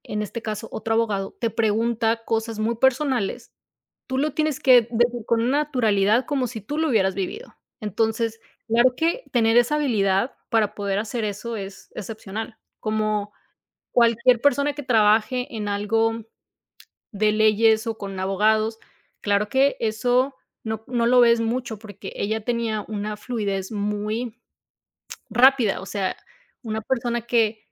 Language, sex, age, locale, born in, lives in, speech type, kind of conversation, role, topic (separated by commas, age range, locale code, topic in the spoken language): Spanish, female, 35-39, es-MX, Mexico, United States, conversation, unstructured, none, Si pudieras cambiar de lugar con cualquier persona por un día, ¿a quién elegirías?